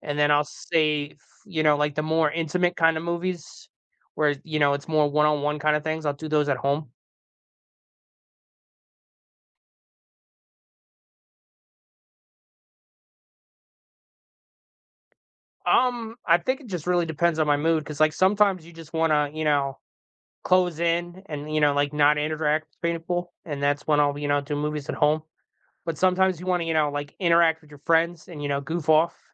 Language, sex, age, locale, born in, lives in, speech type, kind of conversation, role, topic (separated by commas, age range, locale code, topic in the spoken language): English, male, 40-44, en-US, United States, United States, conversation, unstructured, none, What was the first movie that made you fall in love with cinema, and what memories does it bring back?